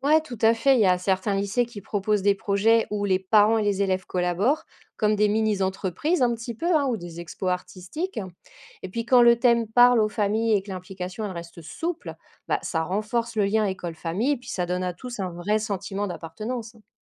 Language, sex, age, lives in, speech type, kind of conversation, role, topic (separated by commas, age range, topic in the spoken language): French, female, 40-44, France, read, expert-assistant, expert, Entre réduire les inégalités, moderniser les programmes ou rendre l’école plus inclusive, qu’est-ce qui devrait passer en priorité selon vous ?